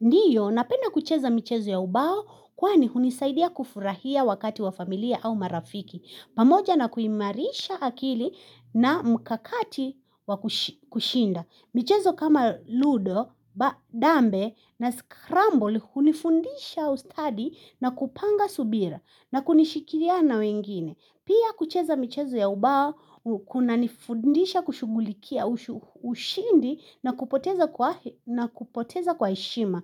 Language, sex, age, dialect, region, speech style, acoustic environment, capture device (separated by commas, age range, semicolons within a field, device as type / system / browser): Swahili, female, 25-34, Kenya - Nairobi Sheng-influenced, Kenya, anxious; excited; nervous, people talking, mobile / Linux / Chrome